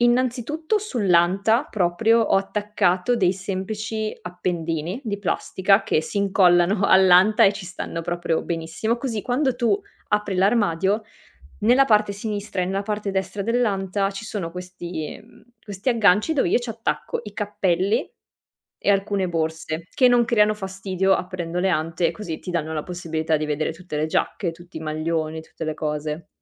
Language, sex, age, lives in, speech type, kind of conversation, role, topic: Italian, female, 25-29, Italy, conversation, podcast, guest, Come organizzi al meglio gli spazi in una casa piccola?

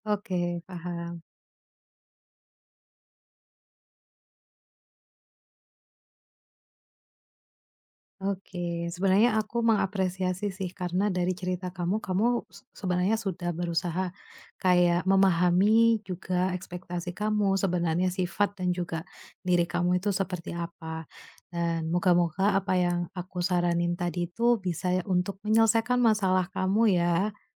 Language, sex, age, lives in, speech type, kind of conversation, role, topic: Indonesian, female, 30-34, Indonesia, conversation, advice, advisor, Bagaimana cara mengomunikasikan kebutuhan emosional kepada pasangan?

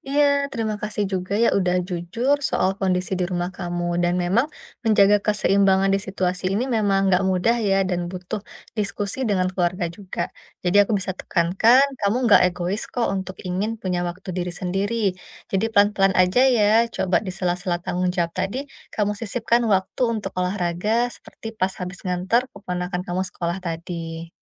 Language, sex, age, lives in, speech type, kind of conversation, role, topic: Indonesian, female, 30-34, Indonesia, conversation, advice, advisor, Bagaimana cara menyeimbangkan waktu untuk hobi dan tanggung jawab sehari-hari tanpa stres?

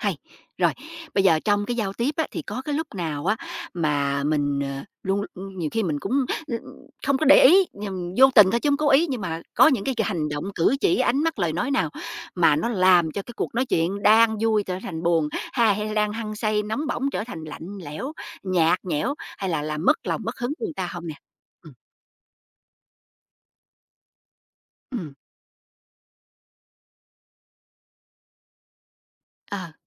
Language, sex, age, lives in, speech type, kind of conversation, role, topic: Vietnamese, female, 45-49, United States, conversation, podcast, host, Bạn làm thế nào để giữ cho cuộc trò chuyện luôn thú vị?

